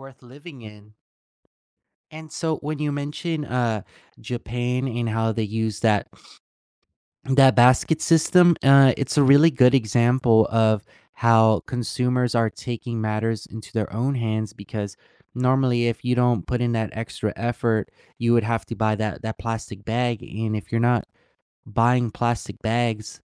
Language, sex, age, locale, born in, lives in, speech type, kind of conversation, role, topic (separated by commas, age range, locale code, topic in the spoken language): English, male, 20-24, en-US, United States, United States, conversation, unstructured, none, What role do you think big corporations play in causing pollution?